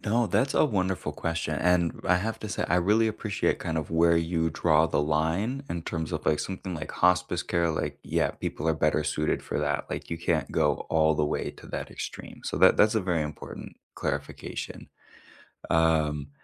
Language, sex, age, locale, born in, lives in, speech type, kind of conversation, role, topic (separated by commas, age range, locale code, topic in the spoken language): English, male, 25-29, en-US, United States, United States, conversation, unstructured, none, Should companies replace routine jobs with automation to stay competitive, or protect and invest in human employees?